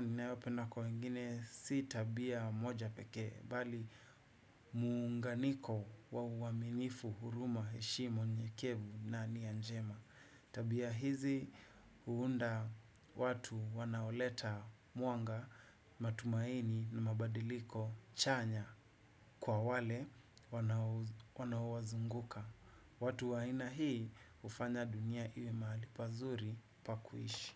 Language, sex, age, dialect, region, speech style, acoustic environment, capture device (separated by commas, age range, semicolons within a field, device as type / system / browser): Swahili, male, 25-34, Kenya - Nairobi Sheng-influenced, Kenya, happy, nature, desktop / Windows / Chrome